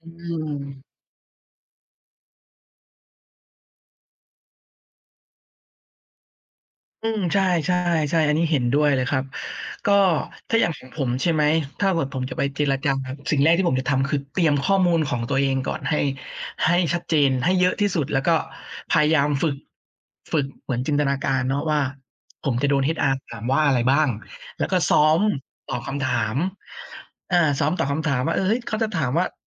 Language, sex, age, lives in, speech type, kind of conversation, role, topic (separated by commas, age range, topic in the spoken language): Thai, male, 30-34, Thailand, conversation, unstructured, none, คุณคิดว่าควรเริ่มต้นเจรจาต่อรองเงินเดือนอย่างไร?